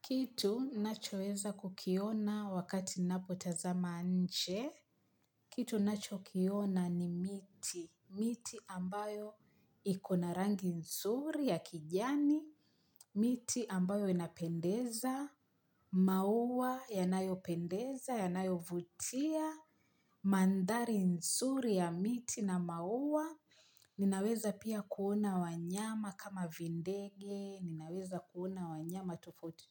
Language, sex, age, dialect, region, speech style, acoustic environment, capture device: Swahili, female, 25-34, Kenya - Mombasa, Kenya, happy, nature, mobile / Linux / Chrome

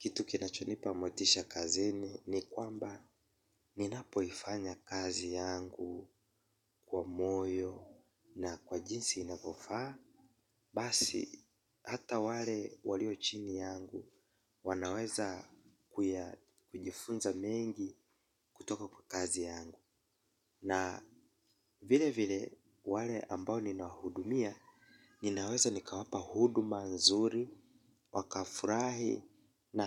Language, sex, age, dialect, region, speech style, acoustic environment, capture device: Swahili, male, 25-34, Kenya - Mombasa, Kenya, relaxed, silence, mobile / Linux / Chrome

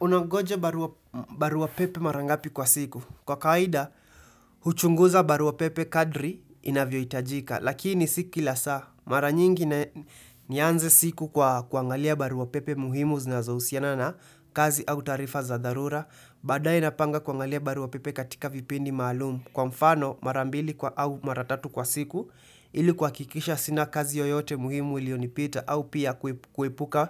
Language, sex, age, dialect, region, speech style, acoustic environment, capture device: Swahili, male, 18-24, Kenya - Nairobi Sheng-influenced, Kenya, happy, silence, desktop / Windows / Chrome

